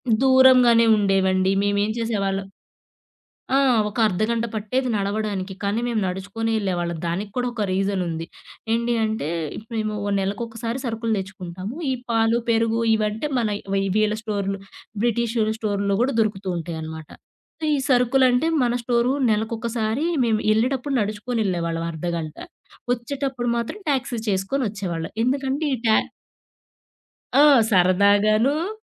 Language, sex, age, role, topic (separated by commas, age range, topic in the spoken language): Telugu, female, 30-34, guest, విదేశంలో ఉంటూ వంట ద్వారా మీ గుర్తింపును మీరు ఎలా కాపాడుకున్నారు?